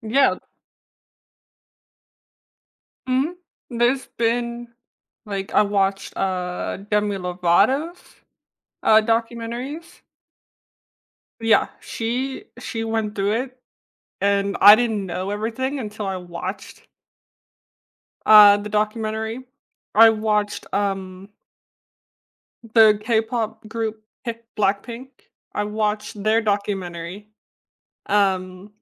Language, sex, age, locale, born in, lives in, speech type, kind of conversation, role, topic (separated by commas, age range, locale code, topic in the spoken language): English, female, 20-24, en-US, United States, United States, conversation, podcast, host, What strategies help you stay resilient and balanced during challenging times at work?